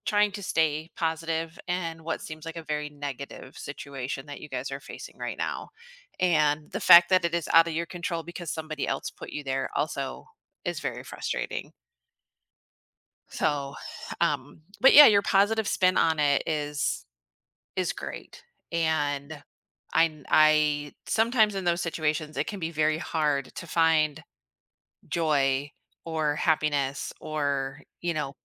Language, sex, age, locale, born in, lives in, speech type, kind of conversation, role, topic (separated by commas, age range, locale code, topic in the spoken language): English, female, 40-44, en-US, United States, United States, conversation, advice, advisor, How can I manage anxiety about starting high school?